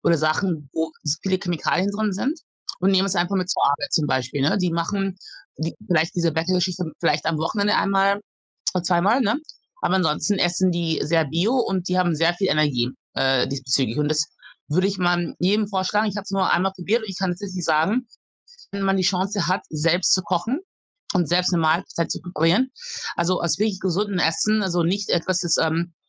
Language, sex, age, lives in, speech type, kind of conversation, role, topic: German, female, 40-44, Germany, conversation, advice, advisor, Welche Schwierigkeiten hast du dabei, neue Routinen aufzubauen?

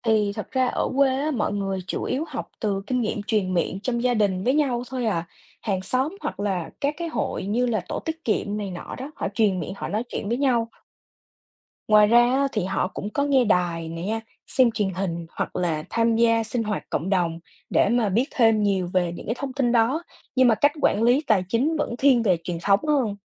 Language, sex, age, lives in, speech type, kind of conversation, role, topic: Vietnamese, female, 20-24, United States, read, expert-assistant, expert, Bạn nghĩ vì sao những thói quen tài chính cá nhân lại khác biệt giữa vùng thành phố và nông thôn ở Việt Nam?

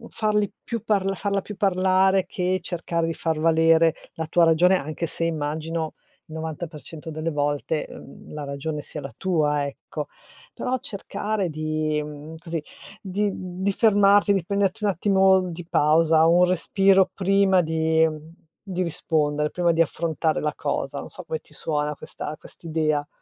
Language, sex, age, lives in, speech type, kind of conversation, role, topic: Italian, female, 55-59, Italy, conversation, advice, advisor, Come posso parlare dei problemi in una relazione senza scatenare conflitti?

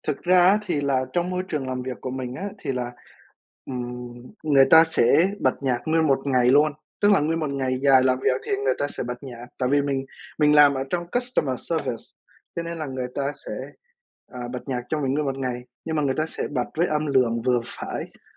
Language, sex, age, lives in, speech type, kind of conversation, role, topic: Vietnamese, male, 20-24, United States, conversation, unstructured, none, Bạn thích nghe nhạc pop hay nhạc cổ điển hơn?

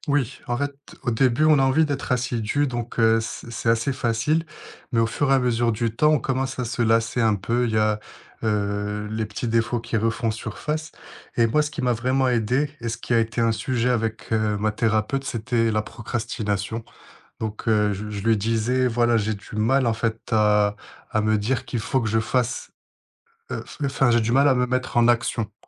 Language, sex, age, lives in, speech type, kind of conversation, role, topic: French, male, 25-29, France, conversation, podcast, guest, Quelles stratégies utilises-tu pour éviter la procrastination ?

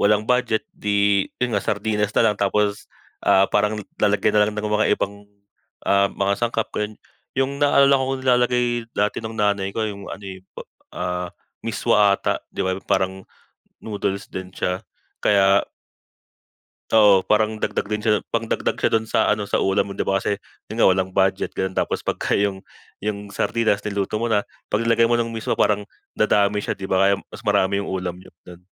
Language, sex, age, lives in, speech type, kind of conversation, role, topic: Filipino, male, 25-29, Philippines, conversation, unstructured, none, Paano ka naghahanda ng isang simpleng ulam para sa pamilya?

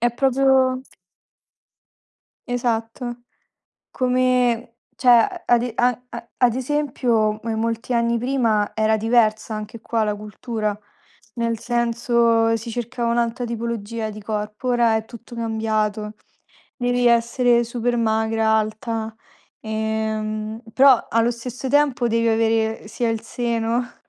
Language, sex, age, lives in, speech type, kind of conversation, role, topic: Italian, female, 20-24, Italy, conversation, unstructured, none, Cosa ne pensi della pressione sociale di dover essere sempre in forma?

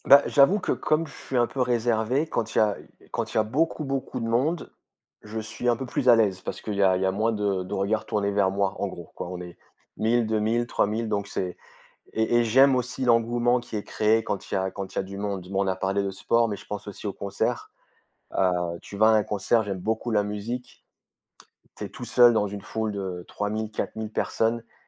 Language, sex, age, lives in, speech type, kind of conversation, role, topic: French, male, 35-39, France, conversation, unstructured, none, Qu’est-ce qui te rend heureux lorsque tu participes à un événement collectif ?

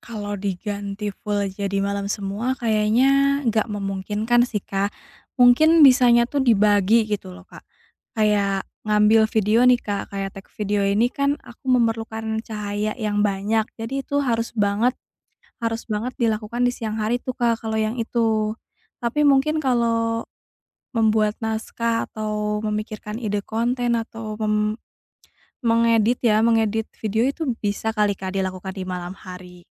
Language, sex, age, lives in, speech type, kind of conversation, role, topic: Indonesian, female, 30-34, Indonesia, conversation, advice, user, Bagaimana saya membagi waktu antar tugas penting tanpa merasa kewalahan?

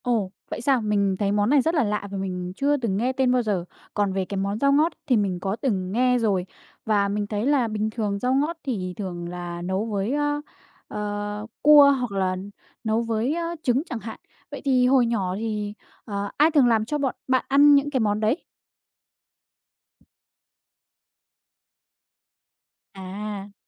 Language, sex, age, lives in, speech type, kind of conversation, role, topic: Vietnamese, female, 20-24, Vietnam, conversation, podcast, host, Bạn có thể kể về một món ăn thời thơ ấu khiến bạn nhớ mãi không?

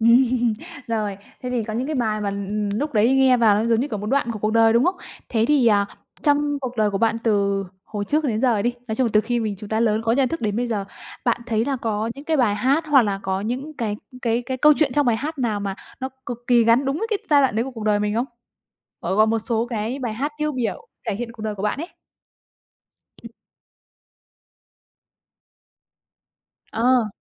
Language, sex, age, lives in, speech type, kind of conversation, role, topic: Vietnamese, female, 25-29, Vietnam, conversation, podcast, host, Thể loại nhạc nào chạm đến bạn nhất, và vì sao?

